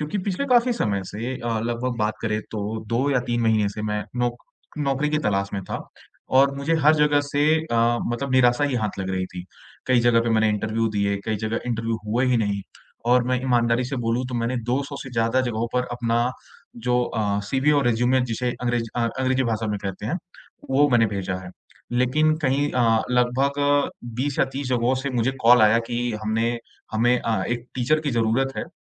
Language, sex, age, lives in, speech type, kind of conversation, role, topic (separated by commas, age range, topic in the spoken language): Hindi, male, 25-29, India, conversation, advice, user, जब सब कुछ अनिश्चित लगे, तो बदलाव के बीच छोटी-छोटी सफलताओं से उम्मीद कैसे बनाए रखें?